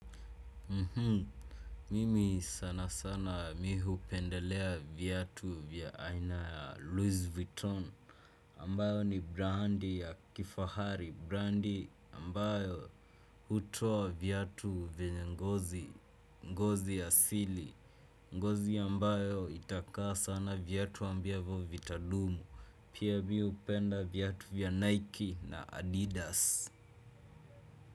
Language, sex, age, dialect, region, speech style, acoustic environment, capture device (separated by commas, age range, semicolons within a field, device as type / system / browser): Swahili, male, 18-24, Kenya - Nairobi Sheng-influenced, Kenya, focused, silence, desktop / Windows / Chrome